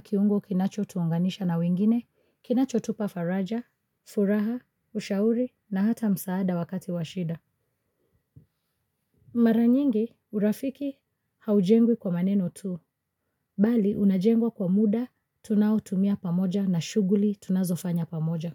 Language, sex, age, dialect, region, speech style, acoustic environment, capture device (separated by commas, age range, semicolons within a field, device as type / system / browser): Swahili, female, 25-34, Kenya - Mombasa, Kenya, focused, nature; silence, mobile / Linux / Chrome